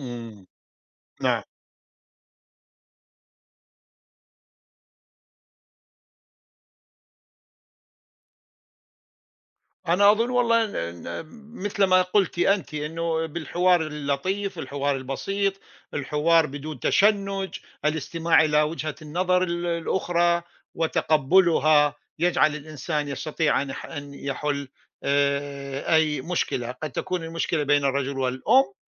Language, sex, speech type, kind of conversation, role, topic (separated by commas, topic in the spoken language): Arabic, male, conversation, unstructured, none, إيه الطرق اللي بتخلي العيلة تحس بالسعادة وهي مع بعض؟